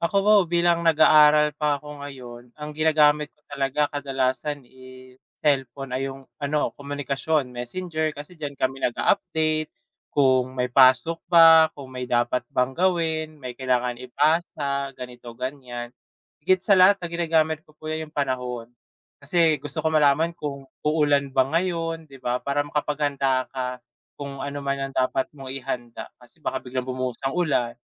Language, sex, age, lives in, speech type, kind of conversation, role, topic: Filipino, male, 20-24, Philippines, conversation, unstructured, none, Paano nakakatulong ang teknolohiya sa araw-araw mong buhay?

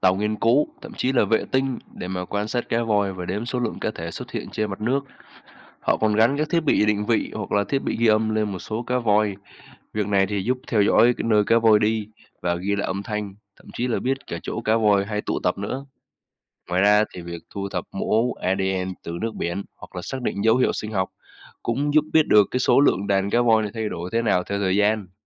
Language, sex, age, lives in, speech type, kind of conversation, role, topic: Vietnamese, male, 20-24, Vietnam, read, expert-assistant, expert, Làm thế nào mà cá voi giao tiếp ở đại dương rộng lớn?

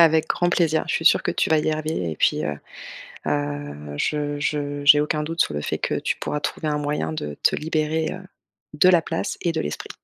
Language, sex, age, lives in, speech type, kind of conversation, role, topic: French, female, 35-39, France, conversation, advice, advisor, Comment puis-je désencombrer efficacement mes appareils et mes comptes en ligne ?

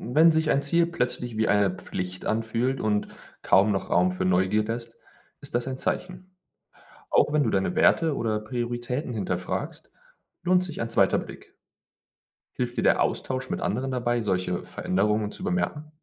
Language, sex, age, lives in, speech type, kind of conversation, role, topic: German, male, 25-29, Germany, read, expert-assistant, expert, Wie kann ich herausfinden, ob meine Ziele wirklich zu mir passen oder von anderen geprägt sind?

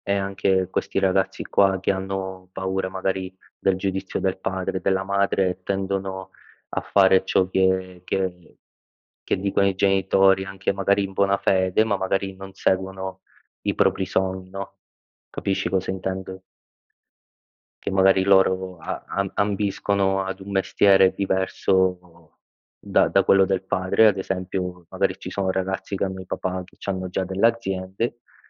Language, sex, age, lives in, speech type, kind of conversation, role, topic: Italian, male, 25-29, Italy, conversation, unstructured, none, Hai mai nascosto parti di te per paura del giudizio?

